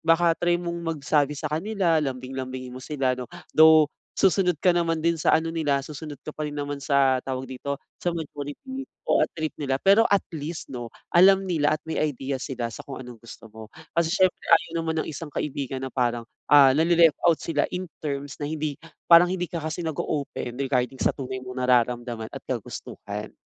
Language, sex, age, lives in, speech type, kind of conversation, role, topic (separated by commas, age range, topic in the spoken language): Filipino, male, 25-29, Philippines, conversation, advice, advisor, Paano namin haharapin ang pagkakaiba-iba ng interes sa aming barkada?